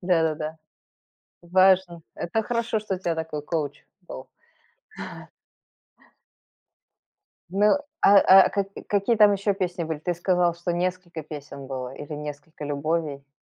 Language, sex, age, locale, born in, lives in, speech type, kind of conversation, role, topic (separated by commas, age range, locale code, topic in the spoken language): Russian, female, 45-49, ru-RU, Belarus, United States, conversation, podcast, host, Какая песня ассоциируется у тебя с первой любовью?